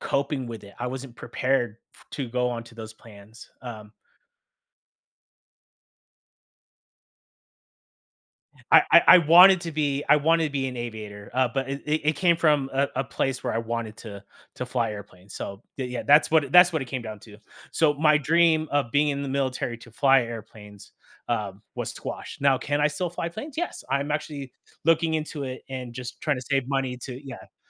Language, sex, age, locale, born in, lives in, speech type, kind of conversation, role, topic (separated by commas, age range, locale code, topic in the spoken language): English, male, 35-39, en-US, United States, United States, conversation, podcast, host, How can setbacks and mistakes help us grow and achieve our goals?